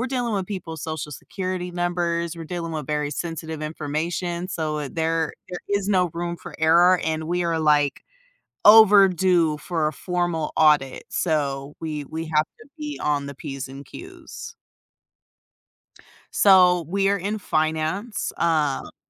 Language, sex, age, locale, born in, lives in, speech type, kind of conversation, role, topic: English, female, 30-34, en-US, United States, United States, conversation, advice, user, How can I set new goals after completing a major accomplishment?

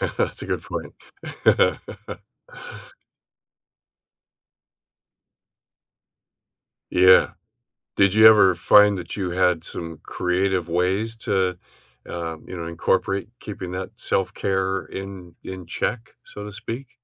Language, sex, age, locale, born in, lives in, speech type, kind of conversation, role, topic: English, male, 70-74, en-US, Canada, United States, conversation, podcast, host, What are some simple ways to make self-care a regular part of your routine, even when life gets hectic?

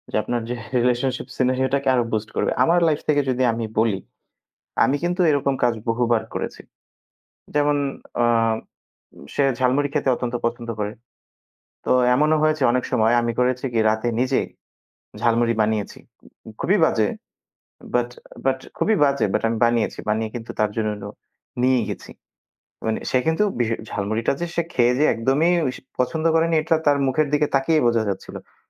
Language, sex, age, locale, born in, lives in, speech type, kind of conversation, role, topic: Bengali, male, 25-29, bn-BD, Bangladesh, Bangladesh, conversation, unstructured, none, তুমি কি মনে করো প্রেমের সম্পর্কে ছোট ছোট চমক কী কাজে লাগে?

